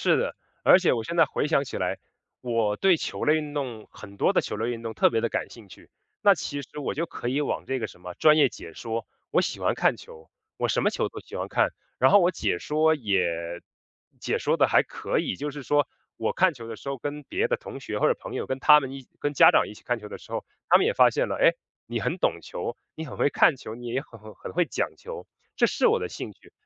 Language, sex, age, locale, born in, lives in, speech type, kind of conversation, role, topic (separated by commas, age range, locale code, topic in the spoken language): Chinese, male, 30-34, zh-CN, China, United States, conversation, podcast, guest, 你想把什么传给下一代？